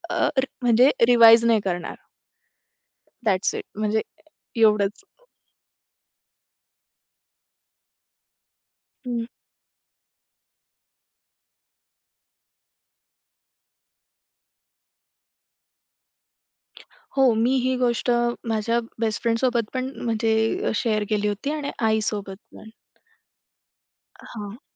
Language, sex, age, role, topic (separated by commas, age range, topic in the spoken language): Marathi, female, 25-29, guest, एखाद्या शिक्षकाने तुमचा दृष्टिकोन कसा बदलला?